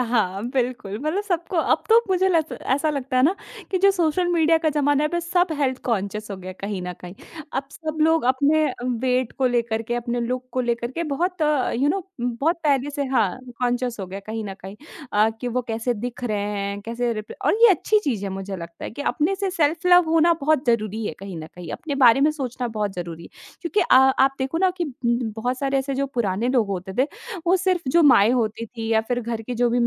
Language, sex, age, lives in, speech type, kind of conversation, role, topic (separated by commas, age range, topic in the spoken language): Hindi, female, 20-24, India, conversation, podcast, guest, कपड़ों के ज़रिए आप क्या छिपाते या दिखाते हैं?